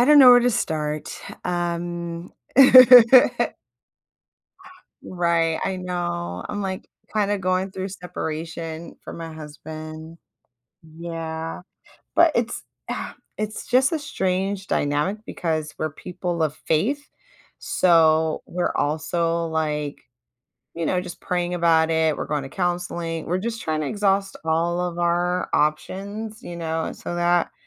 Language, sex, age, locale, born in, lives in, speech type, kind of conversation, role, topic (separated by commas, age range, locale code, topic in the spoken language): English, female, 35-39, en-US, United States, United States, conversation, advice, user, How can I set boundaries with my partner?